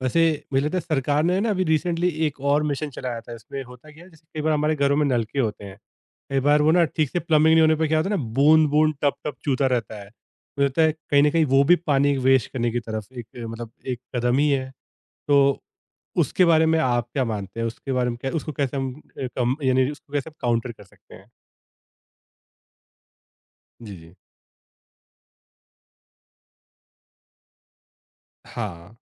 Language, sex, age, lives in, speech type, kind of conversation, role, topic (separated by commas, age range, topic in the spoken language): Hindi, male, 30-34, India, conversation, podcast, host, Ghar mein paani bachane ke liye tum kaun-kaun si simple aadatein follow karte ho?